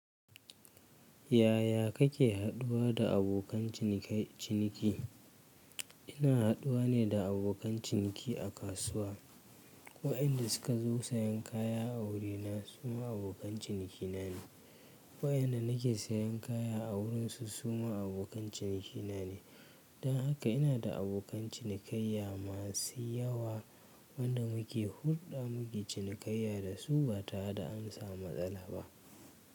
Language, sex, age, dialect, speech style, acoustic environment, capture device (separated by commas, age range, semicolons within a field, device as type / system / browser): Hausa, male, 25-34, Nigeria - Kano, relaxed, nature; silence, mobile / Linux / Chrome